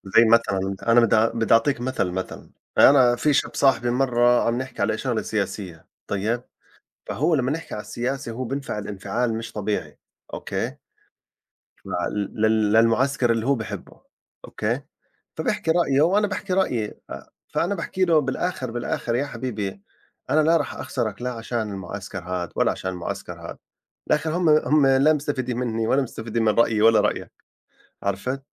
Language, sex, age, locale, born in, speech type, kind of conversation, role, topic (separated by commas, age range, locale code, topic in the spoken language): Arabic, male, 40-44, ar-JO, Saudi Arabia, conversation, unstructured, none, إيه دور الحب والاحترام في إننا نعدّي الخلافات؟